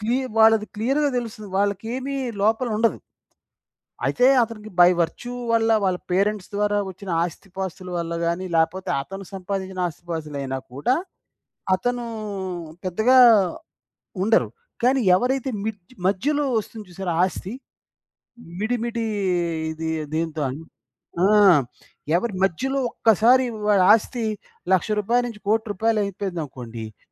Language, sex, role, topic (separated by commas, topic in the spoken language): Telugu, male, guest, ప్రజల ముందు మీరు చూపించే మీ రూపం నిజంగా మీరు అయినదేనా?